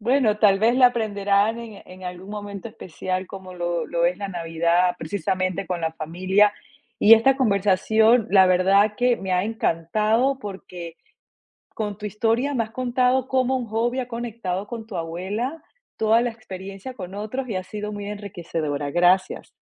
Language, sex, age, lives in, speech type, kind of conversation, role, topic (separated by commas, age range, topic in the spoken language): Spanish, female, 45-49, United States, conversation, podcast, host, ¿Cuál es tu pasatiempo favorito y por qué te atrapa tanto?